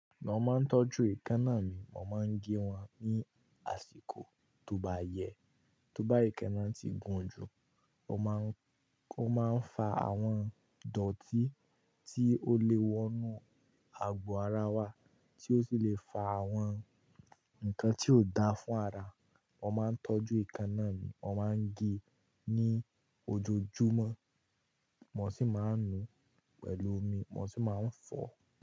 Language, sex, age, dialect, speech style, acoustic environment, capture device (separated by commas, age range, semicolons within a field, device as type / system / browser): Yoruba, male, 18-24, Nigeria - Kano, happy, nature, mobile / Linux / Chrome